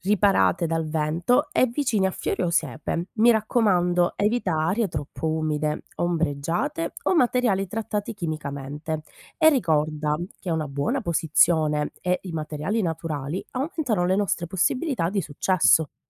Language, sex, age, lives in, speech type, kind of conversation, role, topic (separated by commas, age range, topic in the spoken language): Italian, female, 30-34, Italy, read, expert-assistant, expert, Come sarebbe il mondo se tutti gli insetti impollinatori scomparissero improvvisamente?